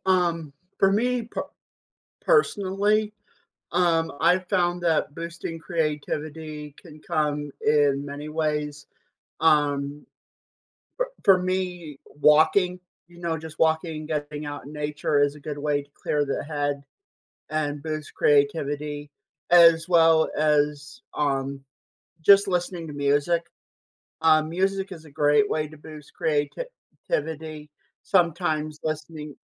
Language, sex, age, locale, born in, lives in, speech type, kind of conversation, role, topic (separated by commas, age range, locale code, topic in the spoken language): English, male, 25-29, en-US, United States, United States, conversation, podcast, guest, How can everyday habits help nurture creativity in our lives?